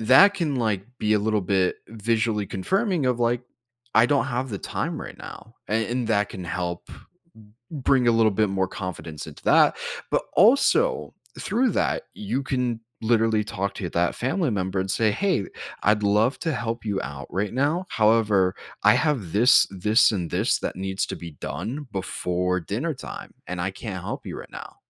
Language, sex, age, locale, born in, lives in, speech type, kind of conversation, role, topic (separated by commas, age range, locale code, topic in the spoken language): English, male, 20-24, en-US, United States, United States, conversation, advice, advisor, How can I say no to extra requests without feeling guilty or hurting others?